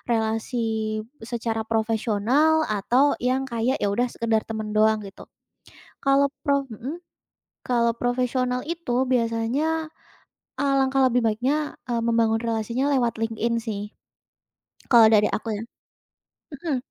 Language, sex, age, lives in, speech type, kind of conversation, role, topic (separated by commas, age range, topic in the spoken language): Indonesian, female, 20-24, Indonesia, conversation, podcast, guest, Apa tips Anda untuk membangun relasi secara daring dan luring?